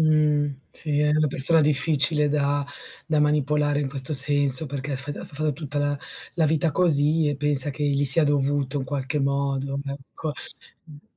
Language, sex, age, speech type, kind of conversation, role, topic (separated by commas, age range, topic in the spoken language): Italian, female, 40-44, conversation, advice, advisor, Come sta cambiando la tua convivenza e come ti stai adattando alle nuove abitudini domestiche?